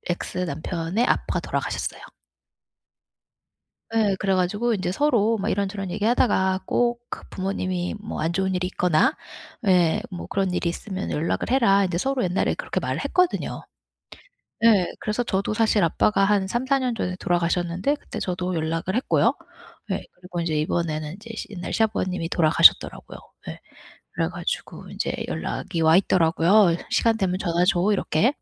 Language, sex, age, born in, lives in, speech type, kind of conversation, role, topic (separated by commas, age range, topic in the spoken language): Korean, female, 40-44, United States, United States, conversation, advice, user, 어떻게 다시 신뢰를 쌓고 관계를 회복할 수 있을까요?